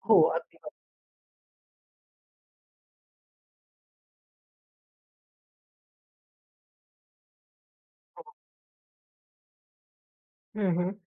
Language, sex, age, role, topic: Marathi, female, 30-34, host, बोली-उच्चार तुमच्या ओळखीला कसा रंग देतात?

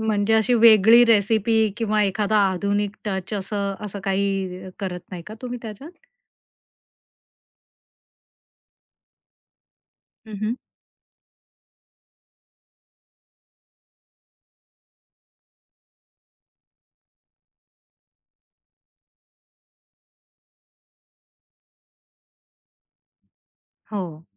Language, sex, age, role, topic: Marathi, female, 45-49, host, सणासुदीला तुमचा आवडता पदार्थ कोणता, आणि तो तुम्हाला का आवडतो?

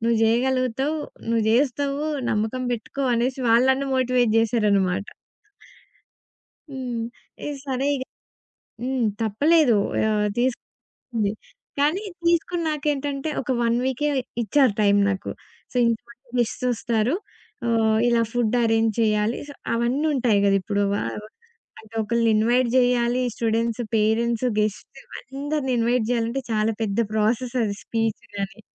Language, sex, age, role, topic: Telugu, female, 20-24, guest, నీ జీవితాన్ని చూసే దృష్టిని పూర్తిగా మార్చిన అనుభవం ఏది?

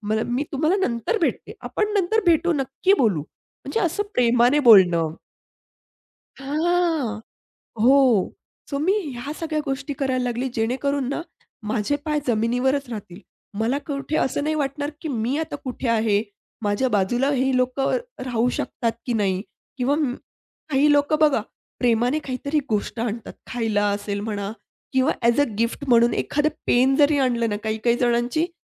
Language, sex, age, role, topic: Marathi, female, 30-34, guest, लोकप्रसिद्धीनंतर स्वतःला सहज आणि नम्र ठेवण्यासाठी तुम्ही कोणते सोपे उपाय सुचवाल?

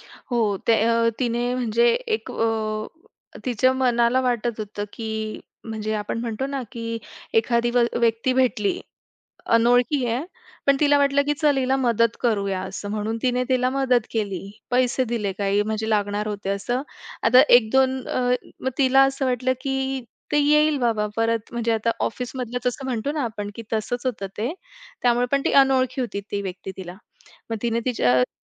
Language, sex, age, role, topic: Marathi, female, 25-29, guest, तुम्ही कधी तुमच्या अंतर्ज्ञानावर विश्वास ठेवला, आणि त्याचा परिणाम काय झाला?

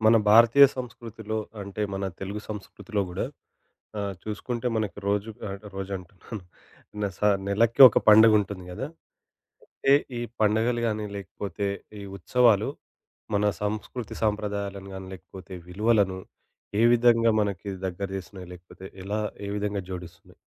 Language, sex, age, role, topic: Telugu, male, 25-29, host, పండుగలు, ఉత్సవాలు మన విలువలను ఎలా పెంపొందిస్తాయి?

